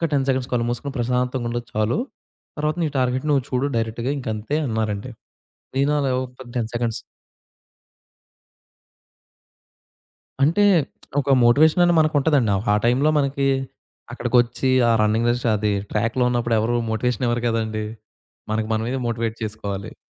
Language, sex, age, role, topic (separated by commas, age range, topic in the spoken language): Telugu, male, 20-24, guest, నిరాశలో ఉన్నవారికి ప్రేరణ కలిగించే ఉత్తమ మార్గం ఏమిటి?